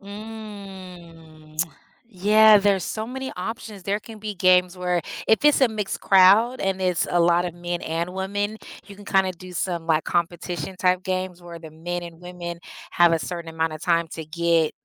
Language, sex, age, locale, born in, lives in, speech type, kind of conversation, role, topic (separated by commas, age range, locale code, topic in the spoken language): English, female, 40-44, en-US, United States, United States, conversation, advice, advisor, How can I plan a surprise party for my close friend?